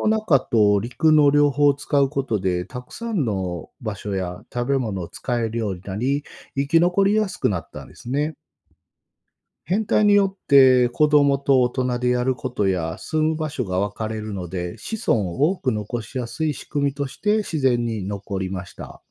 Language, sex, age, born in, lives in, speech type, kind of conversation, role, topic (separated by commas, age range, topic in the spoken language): Japanese, male, 45-49, Japan, Japan, read, expert-assistant, expert, なぜカエルはオタマジャクシから成長するのか説明してください。